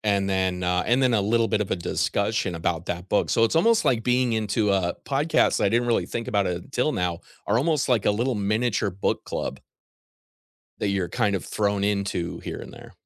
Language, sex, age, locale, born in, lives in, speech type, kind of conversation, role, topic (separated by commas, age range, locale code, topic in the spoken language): English, male, 40-44, en-US, United States, United States, conversation, unstructured, none, How do you choose your next book, and how do you like to share reading recommendations?